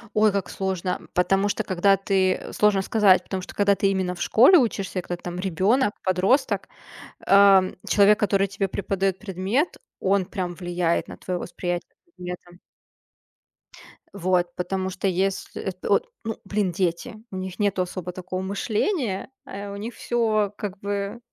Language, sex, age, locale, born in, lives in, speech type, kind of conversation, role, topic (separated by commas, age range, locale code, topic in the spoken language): Russian, female, 30-34, ru-RU, Russia, Germany, conversation, unstructured, none, Какие советы ты бы дал по подготовке к экзаменам?